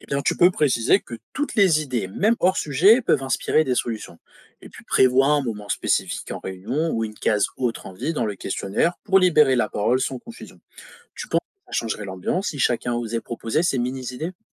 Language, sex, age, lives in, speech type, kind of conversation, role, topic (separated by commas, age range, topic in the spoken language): French, male, 25-29, France, read, expert-assistant, expert, Quelles étapes prévoir pour aider son quartier à rester en bonne santé ?